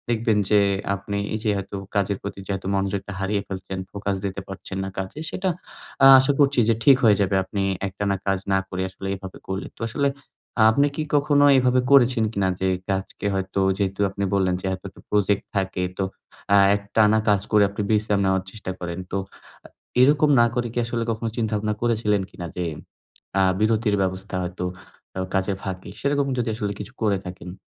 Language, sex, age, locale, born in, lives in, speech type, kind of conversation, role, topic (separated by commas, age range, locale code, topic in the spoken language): Bengali, male, 20-24, bn-BD, Bangladesh, Bangladesh, conversation, advice, advisor, আমি কীভাবে বিঘ্ন কমিয়ে দীর্ঘ সময় ধরে মনোযোগ ধরে রেখে কাজের ধারাবাহিক ব্লক বজায় রাখতে পারি?